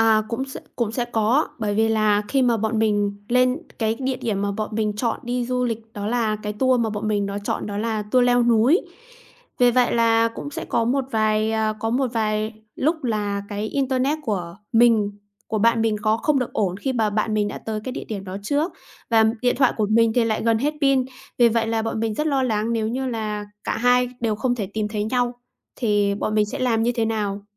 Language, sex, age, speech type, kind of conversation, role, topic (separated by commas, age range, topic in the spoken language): Vietnamese, female, 25-29, conversation, podcast, guest, Bạn có thể kể về một lần bạn bị lạc khi đi du lịch không?